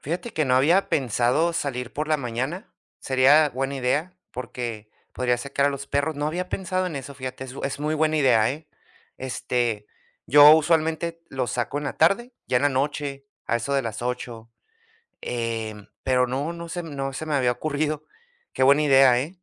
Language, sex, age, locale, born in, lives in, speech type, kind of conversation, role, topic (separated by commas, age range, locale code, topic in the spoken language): Spanish, male, 30-34, es-US, United States, United States, conversation, advice, user, ¿Cómo puedo manejar una agenda sobrecargada, con demasiados compromisos y sin tiempo personal?